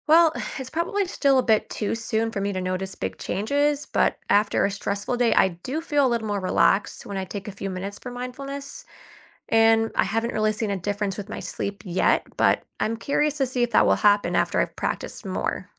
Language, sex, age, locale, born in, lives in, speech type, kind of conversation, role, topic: English, female, 25-29, en-US, United States, United States, read, expert-assistant, asker, How does mindfulness affect student concentration?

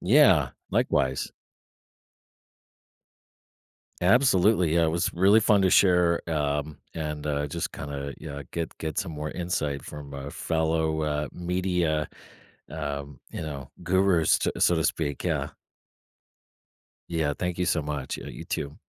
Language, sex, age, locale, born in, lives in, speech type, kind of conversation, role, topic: English, male, 45-49, en-US, United States, United States, conversation, podcast, guest, How does using multiple sources shape the reliability of news reporting?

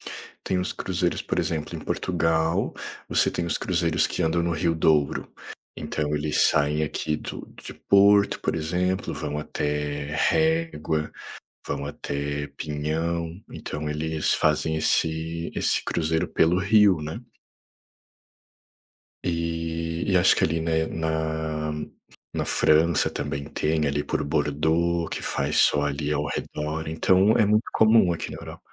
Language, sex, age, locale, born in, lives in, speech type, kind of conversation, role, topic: Portuguese, male, 30-34, pt-BR, Brazil, Portugal, conversation, unstructured, none, Como você escolheu a sua profissão?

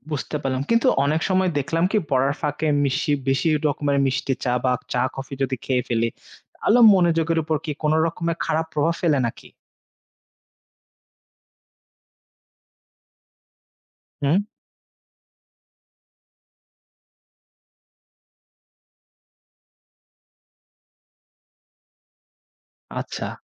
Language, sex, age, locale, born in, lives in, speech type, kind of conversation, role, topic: Bengali, male, 25-29, bn-BD, Bangladesh, Finland, read, expert-assistant, asker, পরীক্ষার জন্য ভালোভাবে প্রস্তুতি নেওয়ার কৌশল কী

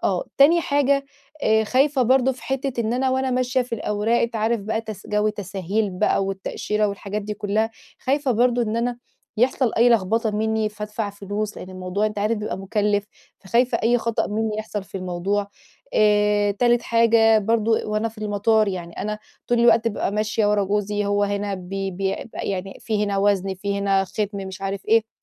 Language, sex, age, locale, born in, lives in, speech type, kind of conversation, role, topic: Arabic, female, 30-34, ar-EG, Egypt, Portugal, conversation, advice, user, إزاي أتعامل مع الإجهاد والمشاكل اللوجستية أثناء السفر؟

